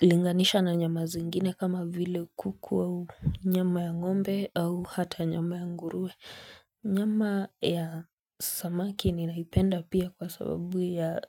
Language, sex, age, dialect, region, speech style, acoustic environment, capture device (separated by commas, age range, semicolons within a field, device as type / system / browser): Swahili, female, 25-34, Kenya - Nairobi Sheng-influenced, Kenya, relaxed, silence, mobile / Linux / Chrome